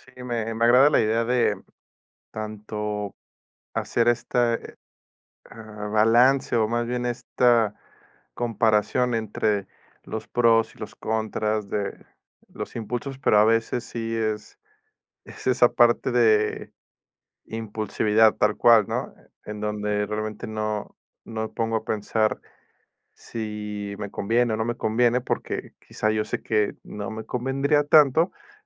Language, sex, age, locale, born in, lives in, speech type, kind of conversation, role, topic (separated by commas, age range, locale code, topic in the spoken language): Spanish, male, 30-34, es-MX, Mexico, Mexico, conversation, advice, user, ¿Cómo puedo reemplazar mis impulsos automáticos por acciones conscientes?